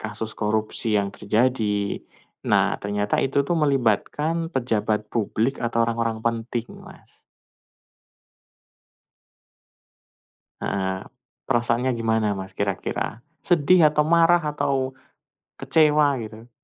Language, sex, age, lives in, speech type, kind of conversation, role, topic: Indonesian, male, 40-44, Indonesia, conversation, unstructured, none, Apa pendapat kamu tentang korupsi yang masih terjadi di beberapa lembaga?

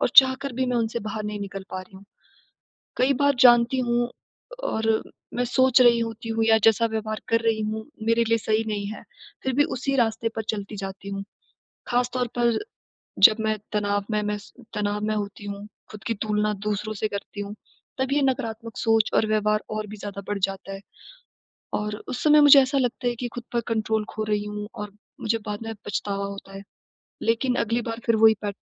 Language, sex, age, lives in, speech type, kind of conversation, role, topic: Hindi, female, 20-24, India, conversation, advice, user, मैं नकारात्मक पैटर्न तोड़ते हुए नए व्यवहार कैसे अपनाऊँ?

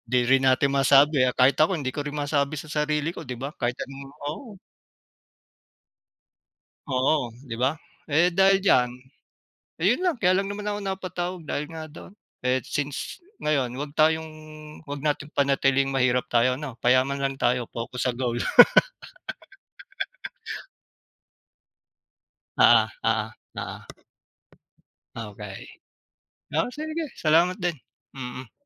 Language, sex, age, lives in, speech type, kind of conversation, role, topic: Filipino, male, 40-44, Philippines, conversation, unstructured, none, Ano ang masasabi mo tungkol sa hindi patas na pagtrato sa mga mahihirap?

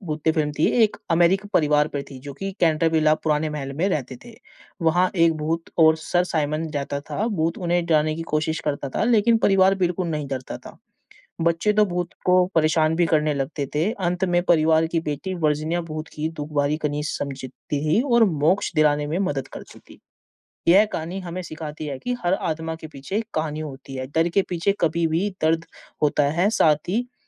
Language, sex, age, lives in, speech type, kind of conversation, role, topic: Hindi, male, 20-24, India, conversation, unstructured, none, किताबें पढ़ना और फिल्में देखना—इन दोनों में से आप क्या चुनेंगे?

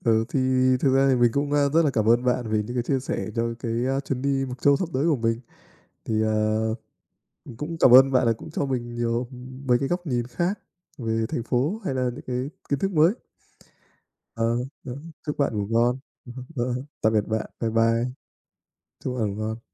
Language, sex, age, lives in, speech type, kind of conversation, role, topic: Vietnamese, male, 25-29, Vietnam, conversation, unstructured, none, Bạn muốn đi đâu nếu có thể đi ngay bây giờ?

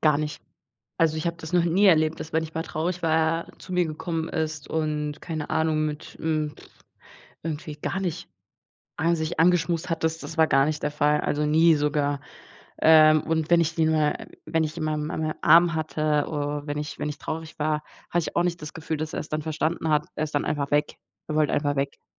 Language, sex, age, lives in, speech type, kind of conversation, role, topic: German, female, 20-24, Germany, conversation, unstructured, none, Wie reagieren Haustiere auf menschliche Emotionen?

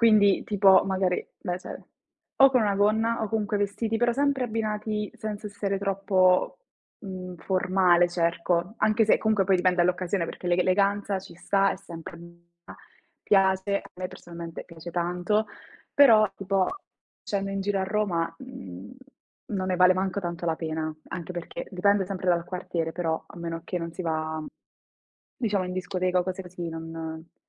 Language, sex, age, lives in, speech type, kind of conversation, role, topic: Italian, female, 20-24, Italy, conversation, unstructured, none, Come descriveresti il tuo stile personale?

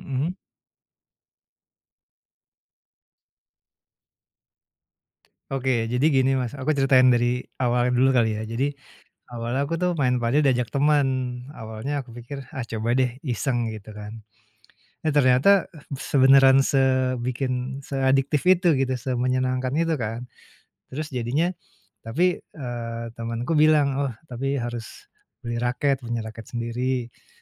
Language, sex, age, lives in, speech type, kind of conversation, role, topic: Indonesian, male, 45-49, Indonesia, conversation, advice, user, Bagaimana pengalamanmu dengan belanja impulsif yang merusak keuanganmu?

